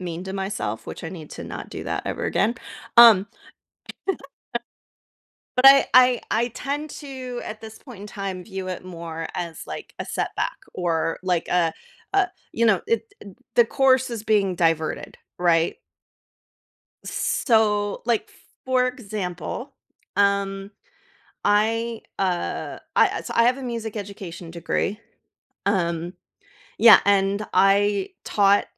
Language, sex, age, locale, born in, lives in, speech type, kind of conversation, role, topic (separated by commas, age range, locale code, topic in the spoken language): English, female, 35-39, en-US, United States, United States, conversation, podcast, guest, How can experiencing failure help us grow and learn in life?